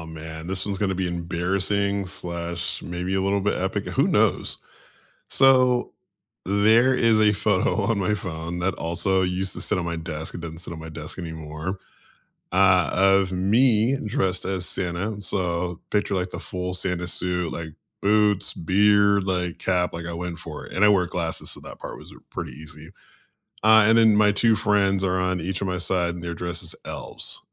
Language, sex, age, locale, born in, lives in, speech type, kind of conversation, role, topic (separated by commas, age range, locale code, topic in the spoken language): English, male, 35-39, en-US, United States, United States, conversation, unstructured, none, What’s the story behind a favorite photo on your phone, and why does it matter to you?